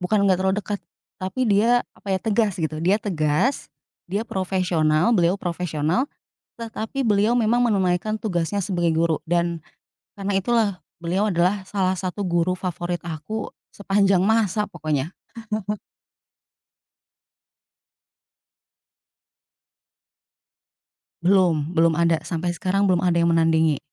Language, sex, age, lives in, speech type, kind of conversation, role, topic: Indonesian, female, 35-39, Indonesia, conversation, podcast, guest, Siapa guru yang paling berpengaruh dalam hidup kamu, dan mengapa?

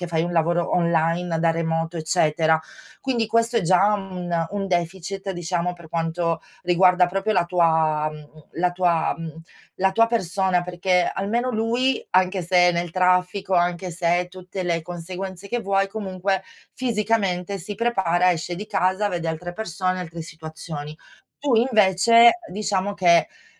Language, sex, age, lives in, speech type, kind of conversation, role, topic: Italian, female, 40-44, Italy, conversation, advice, advisor, Come posso trovare un equilibrio tra la vita di coppia e la mia autonomia personale?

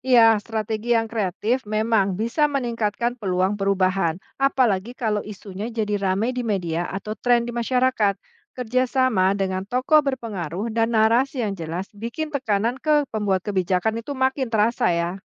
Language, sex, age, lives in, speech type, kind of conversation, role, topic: Indonesian, female, 45-49, Indonesia, read, expert-assistant, expert, Bagaimana jika bahasa gaul remaja tiba-tiba menjadi bahasa resmi di pemerintahan dan pendidikan? Apa dampaknya terhadap komunikasi antar generasi dan identitas nasional?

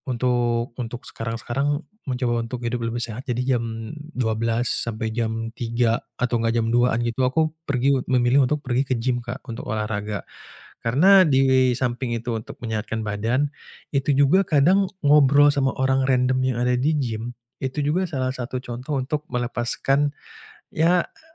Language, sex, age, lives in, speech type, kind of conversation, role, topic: Indonesian, male, 25-29, Indonesia, conversation, podcast, guest, Bagaimana kamu menyeimbangkan karier dan kebahagiaan?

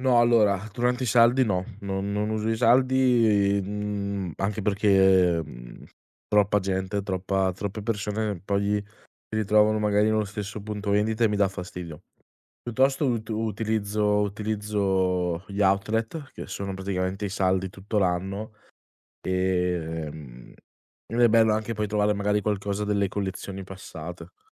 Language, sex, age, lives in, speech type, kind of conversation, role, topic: Italian, male, 20-24, Italy, conversation, podcast, guest, Quanto conta per te la sostenibilità nella moda?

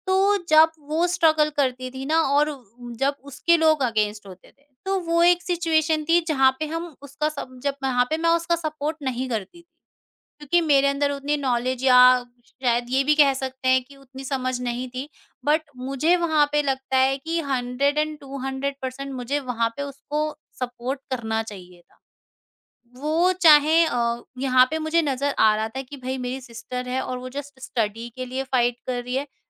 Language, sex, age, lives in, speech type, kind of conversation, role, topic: Hindi, female, 50-54, India, conversation, podcast, guest, Tum apne younger self ko kya seedhi aur simple advice doge?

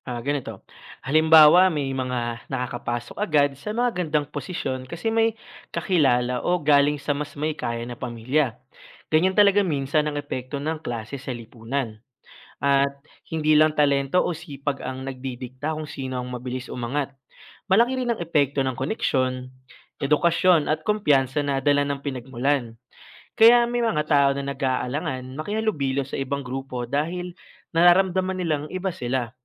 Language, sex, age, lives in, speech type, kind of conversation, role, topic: Filipino, male, 25-29, Philippines, read, expert-assistant, expert, Paano nakakaapekto ang klase sa lipunan sa patas na oportunidad sa trabaho?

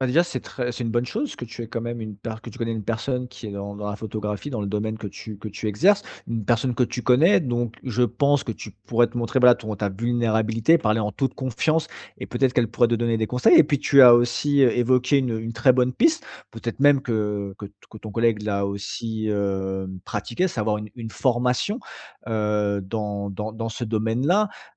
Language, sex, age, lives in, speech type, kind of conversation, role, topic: French, male, 35-39, France, conversation, advice, advisor, Comment puis-je changer ma relation à l’anxiété sans la combattre constamment ?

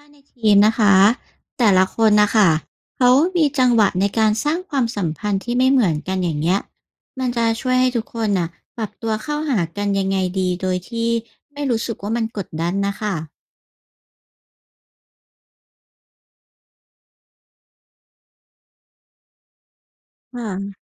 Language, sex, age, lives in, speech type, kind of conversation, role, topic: Thai, female, 35-39, Thailand, read, expert-assistant, asker, การคบเพื่อนใหม่ในวัยผู้ใหญ่ มีความเสี่ยงและโอกาสอย่างไรบ้าง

